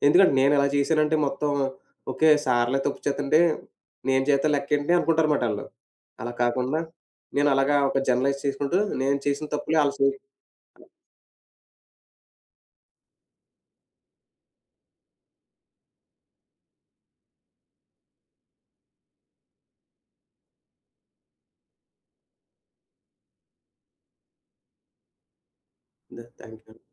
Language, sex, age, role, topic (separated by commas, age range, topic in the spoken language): Telugu, male, 30-34, guest, తప్పు ఒప్పుకోవటం నమ్మకాన్ని ఎలా ప్రభావితం చేస్తుంది?